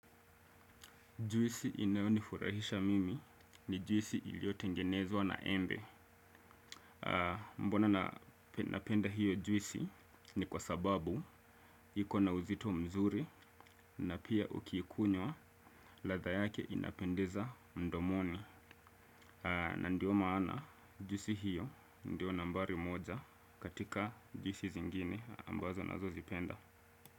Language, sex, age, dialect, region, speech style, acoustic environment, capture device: Swahili, male, 25-34, Kenya - Mombasa, Kenya, focused; excited; happy; relaxed, silence, desktop / Windows / Chrome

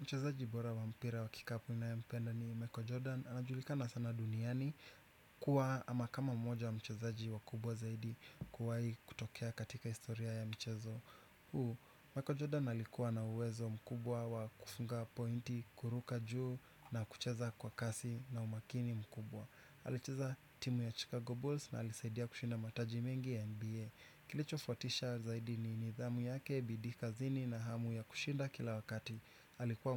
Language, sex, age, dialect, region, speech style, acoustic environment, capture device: Swahili, male, 25-34, Kenya - Nairobi Sheng-influenced, Kenya, anxious; relaxed; nervous, nature; appliances, desktop / Windows / Chrome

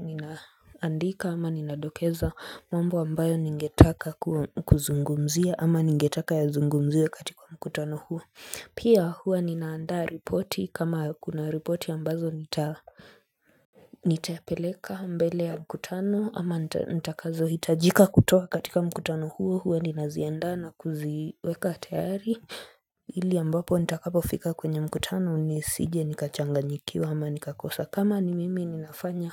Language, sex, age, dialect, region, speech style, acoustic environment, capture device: Swahili, female, 25-34, Kenya - Nairobi Sheng-influenced, Kenya, focused, silence, mobile / Linux / Chrome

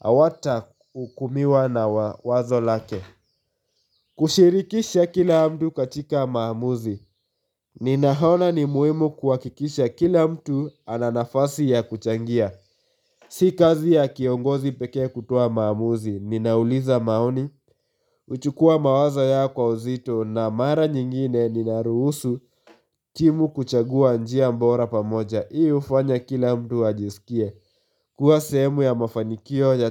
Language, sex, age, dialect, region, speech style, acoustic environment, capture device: Swahili, male, 18-24, Kenya - Nairobi Sheng-influenced, Kenya, happy; focused, nature; silence, mobile / Linux / Chrome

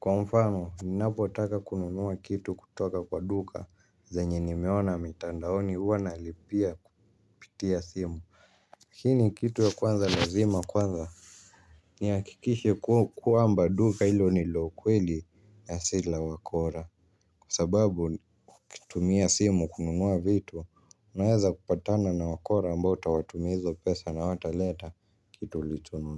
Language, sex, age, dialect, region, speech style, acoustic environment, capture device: Swahili, male, 18-24, Kenya - Nairobi Sheng-influenced, Kenya, happy; relaxed; focused, nature; people talking; silence; music, mobile / Linux / Chrome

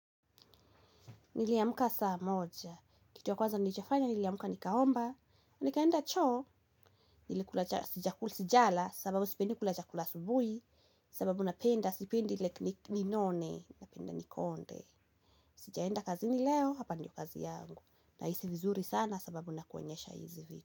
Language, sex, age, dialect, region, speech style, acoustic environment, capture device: Swahili, female, 18-24, Kenya - Kiambu, Kenya, anxious, nature, mobile / Linux / Chrome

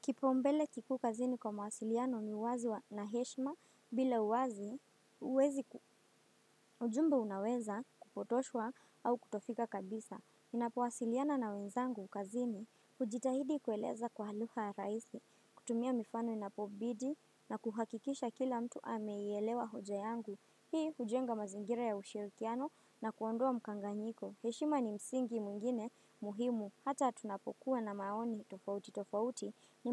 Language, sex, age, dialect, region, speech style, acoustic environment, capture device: Swahili, female, 18-24, Kenya - Mombasa, Kenya, excited, nature, desktop / Windows / Firefox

